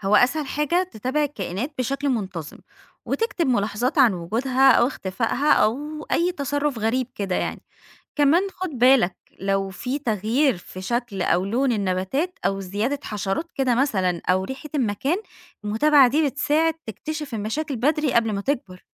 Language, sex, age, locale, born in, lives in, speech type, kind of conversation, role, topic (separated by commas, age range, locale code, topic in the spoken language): Arabic, female, 30-34, ar-EG, Egypt, Egypt, read, expert-assistant, expert, يعني إيه بالظبط التوازن البيئي؟ ساعات بسمع ناس بتقول إن فيه توازن، وساعات ناس تانية بتتكلم عن كوارث بيئية بتحصل. إزاي نحدد إذا كان فيه توازن ولا لأ؟